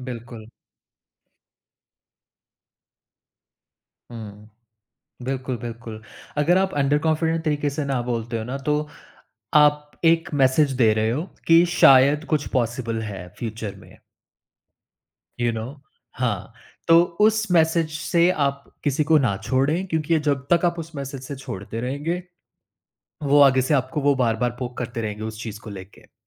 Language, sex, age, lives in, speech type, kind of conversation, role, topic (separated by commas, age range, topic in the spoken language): Hindi, male, 30-34, India, conversation, podcast, guest, Relatives ko ‘na’ bolna aap kaise aasaan banate ho?